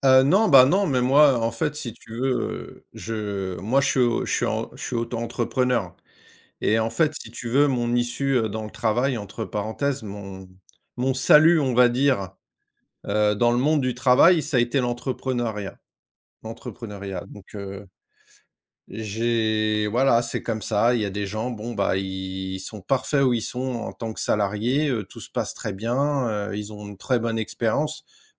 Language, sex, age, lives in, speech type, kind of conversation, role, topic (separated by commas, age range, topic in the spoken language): French, male, 45-49, France, conversation, unstructured, none, À quel point est-il important de dire la vérité, même si cela fait mal ?